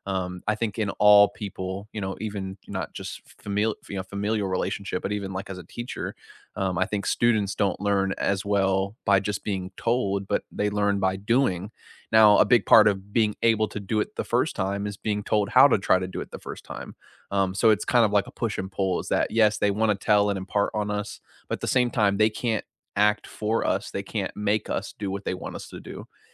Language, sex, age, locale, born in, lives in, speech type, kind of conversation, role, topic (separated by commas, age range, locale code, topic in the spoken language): English, male, 55-59, en-US, United States, United States, conversation, unstructured, none, Who shaped your outlook on life, and how do you keep those connections alive today?